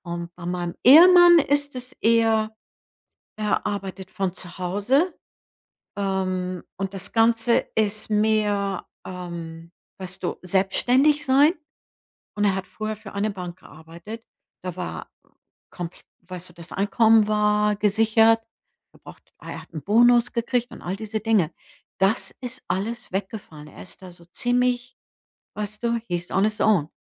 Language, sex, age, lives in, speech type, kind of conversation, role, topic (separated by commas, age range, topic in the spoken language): German, female, 65-69, United States, conversation, advice, user, Wie kann ich eine große Entscheidung rückgängig machen oder anpassen?